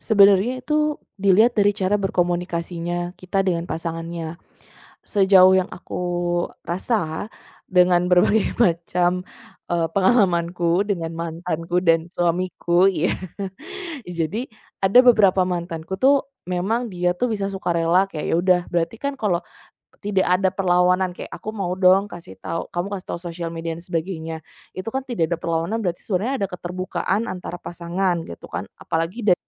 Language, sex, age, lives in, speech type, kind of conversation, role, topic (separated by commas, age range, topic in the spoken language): Indonesian, female, 25-29, Indonesia, conversation, podcast, guest, Kapan sebaiknya pasangan saling berbagi kata sandi?